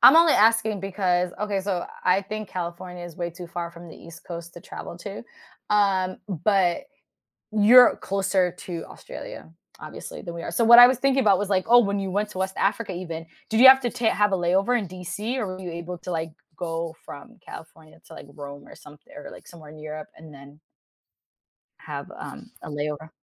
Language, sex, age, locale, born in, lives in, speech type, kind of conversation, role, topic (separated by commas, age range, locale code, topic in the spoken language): English, female, 30-34, en-US, United States, United States, conversation, podcast, guest, How have unexpected experiences while traveling changed your perspective?